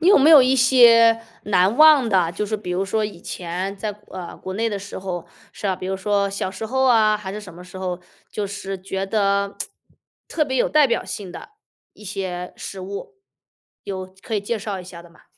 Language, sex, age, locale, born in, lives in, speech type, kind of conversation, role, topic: Chinese, female, 35-39, zh-CN, China, United States, conversation, podcast, host, 你认为哪道菜最能代表你的文化？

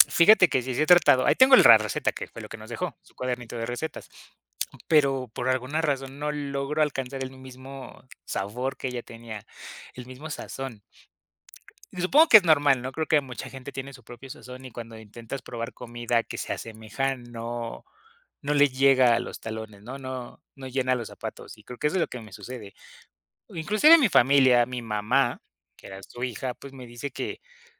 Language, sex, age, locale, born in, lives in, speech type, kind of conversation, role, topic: Spanish, male, 25-29, es-MX, Mexico, Mexico, conversation, podcast, guest, ¿Qué plato te recuerda a tu infancia?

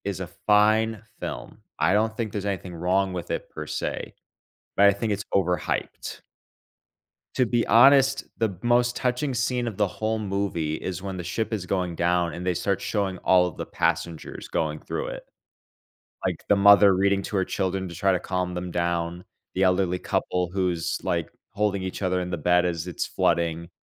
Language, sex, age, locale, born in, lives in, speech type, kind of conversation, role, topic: English, male, 25-29, en-US, United States, United States, conversation, unstructured, none, Which movie’s pacing should I study to improve my scene rhythm?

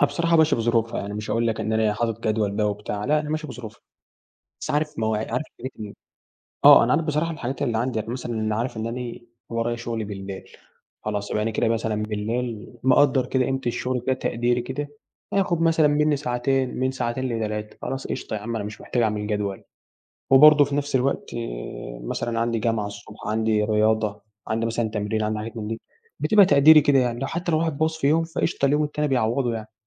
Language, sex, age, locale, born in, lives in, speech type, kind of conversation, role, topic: Arabic, male, 18-19, ar-EG, Egypt, Egypt, conversation, podcast, guest, إزاي بتلاقي وقت للرياضة وسط مشاغلك؟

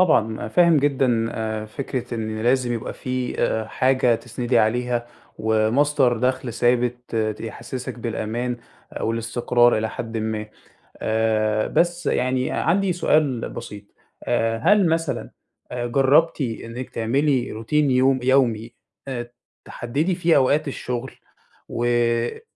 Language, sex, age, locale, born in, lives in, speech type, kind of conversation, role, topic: Arabic, male, 20-24, ar-EG, Egypt, Egypt, conversation, advice, advisor, إزاي أحط حدود صحية بين الشغل وحياتي الشخصية؟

